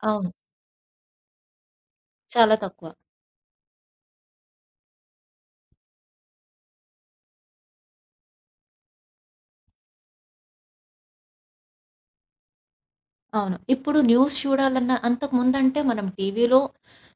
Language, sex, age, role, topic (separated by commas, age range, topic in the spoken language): Telugu, female, 35-39, host, ఫోన్‌ను పూర్తిగా ఆపేస్తే ఒక రోజు మీకు ఎలా అనిపిస్తుంది?